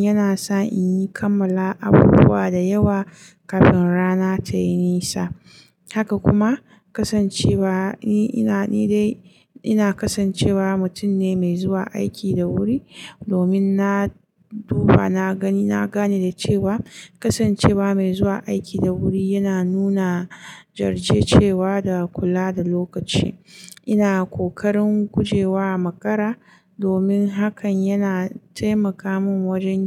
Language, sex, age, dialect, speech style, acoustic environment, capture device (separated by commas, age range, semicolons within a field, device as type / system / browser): Hausa, female, 25-34, Nigeria - Kano, focused, silence, mobile / Linux / Chrome